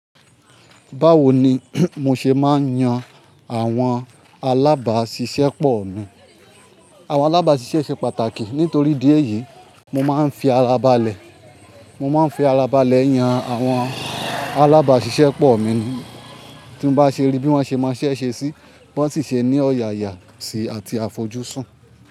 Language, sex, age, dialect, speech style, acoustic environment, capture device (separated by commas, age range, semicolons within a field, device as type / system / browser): Yoruba, male, 25-34, Nigeria - Ibadan, focused, nature; traffic, mobile / Linux / Chrome